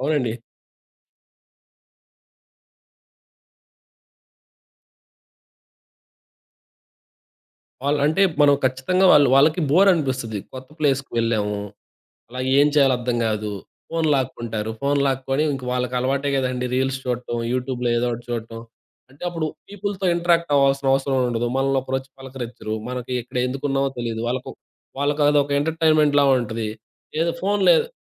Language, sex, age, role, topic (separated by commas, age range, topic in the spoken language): Telugu, male, 20-24, guest, చిన్నపిల్లల స్క్రీన్ సమయంపై మీ కుటుంబంలో ఏ నిర్ణయం తీసుకుంటారు?